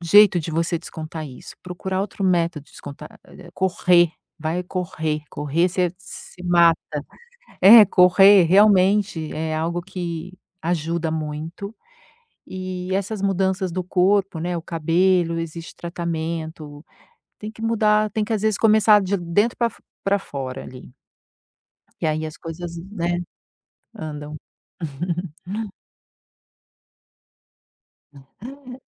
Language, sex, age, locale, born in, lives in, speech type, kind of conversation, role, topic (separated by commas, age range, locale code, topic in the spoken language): Portuguese, female, 50-54, pt-BR, Brazil, United States, conversation, advice, advisor, Como posso me adaptar às mudanças no meu corpo sem me sentir perdido ou frustrado?